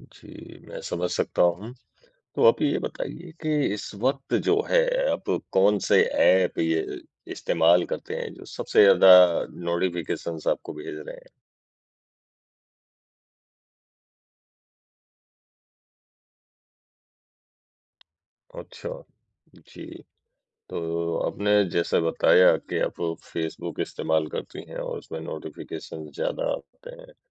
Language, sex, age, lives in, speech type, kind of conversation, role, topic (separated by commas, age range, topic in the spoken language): Hindi, male, 40-44, India, conversation, advice, advisor, डिजिटल सूचनाओं से ध्यान भटकना कम करने के लिए मैं क्या बदलाव कर सकता/सकती हूँ?